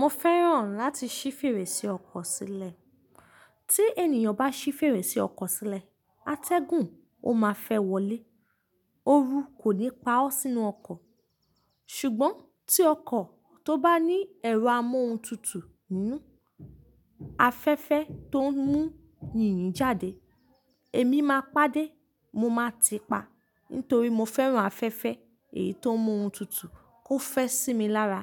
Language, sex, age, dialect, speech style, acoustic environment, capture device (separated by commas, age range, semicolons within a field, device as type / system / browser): Yoruba, female, 25-34, Nigeria - Ibadan, relaxed; focused, nature; silence; appliances, mobile / Linux / Chrome